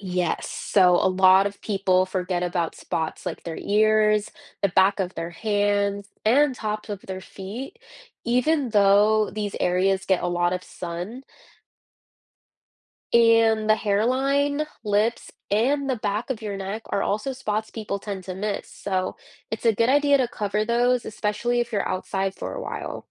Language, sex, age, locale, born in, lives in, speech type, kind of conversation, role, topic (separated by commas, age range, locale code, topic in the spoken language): English, female, 20-24, en-US, United States, United States, read, expert-assistant, expert, Why do people need to wear sunscreen?